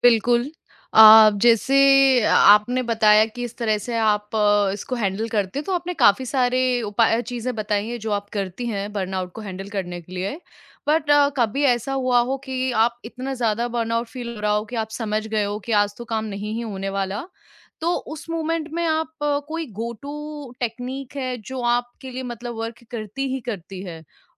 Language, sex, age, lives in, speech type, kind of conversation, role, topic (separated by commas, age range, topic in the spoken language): Hindi, female, 25-29, India, conversation, podcast, host, Burnout ko tum kaise pehchante ho aur phir kya karte ho?